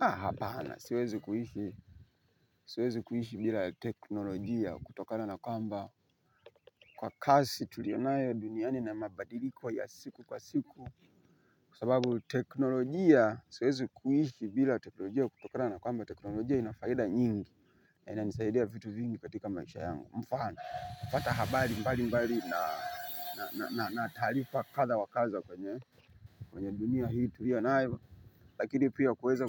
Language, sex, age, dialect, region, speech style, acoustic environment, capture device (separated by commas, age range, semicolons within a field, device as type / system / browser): Swahili, male, 25-34, Tanzania - Dar es Salaam, Tanzania, happy, people talking, mobile / Linux / Chrome